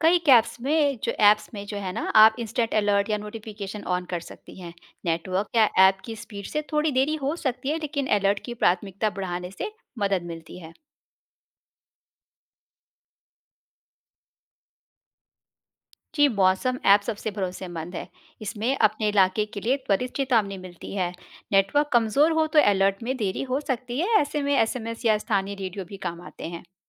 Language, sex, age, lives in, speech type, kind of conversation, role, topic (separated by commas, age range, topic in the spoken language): Hindi, female, 35-39, India, read, expert-assistant, expert, मौसम पूर्वानुमान को समझकर हम अपने सफर या कामकाजी दिन को बेहतर तरीके से कैसे प्लान कर सकते हैं?